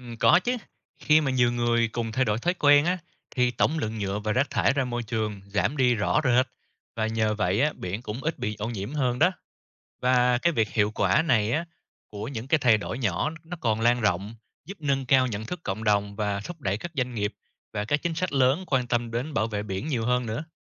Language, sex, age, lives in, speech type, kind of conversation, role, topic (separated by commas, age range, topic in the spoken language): Vietnamese, male, 30-34, Vietnam, read, expert-assistant, expert, Vì sao cần bảo vệ biển và đại dương?